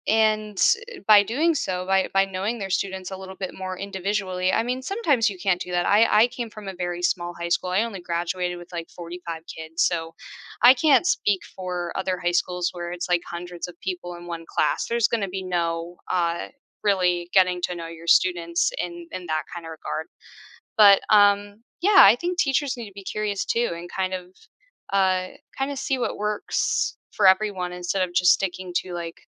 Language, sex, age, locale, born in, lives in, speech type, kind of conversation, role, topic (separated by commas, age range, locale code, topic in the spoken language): English, female, 25-29, en-US, United States, United States, conversation, podcast, guest, How does encouraging curiosity shape the way we learn and teach?